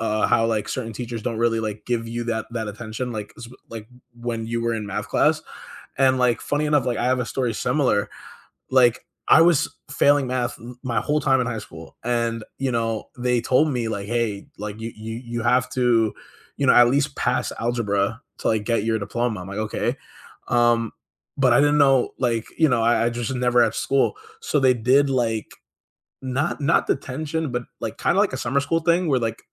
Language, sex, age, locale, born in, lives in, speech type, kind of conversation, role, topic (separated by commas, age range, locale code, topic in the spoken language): English, male, 25-29, en-US, United States, United States, conversation, unstructured, none, Which teacher has inspired you the most, and what did they do that still impacts you?